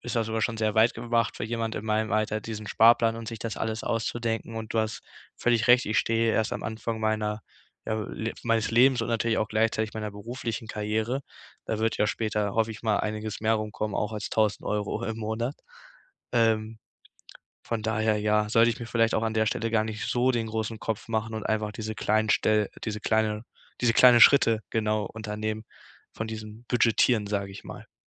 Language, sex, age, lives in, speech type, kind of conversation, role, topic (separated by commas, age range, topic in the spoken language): German, male, 18-19, Germany, conversation, advice, user, Wie priorisiere ich meine Sparziele, wenn ich nicht auf Komfort verzichten möchte?